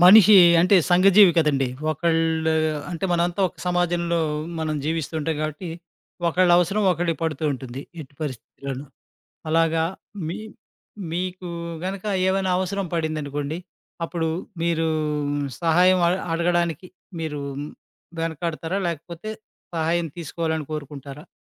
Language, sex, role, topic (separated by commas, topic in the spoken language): Telugu, male, host, అవసరం వచ్చినప్పుడు మీరు సహాయం ఎలా అడగడం నేర్చుకున్నారు?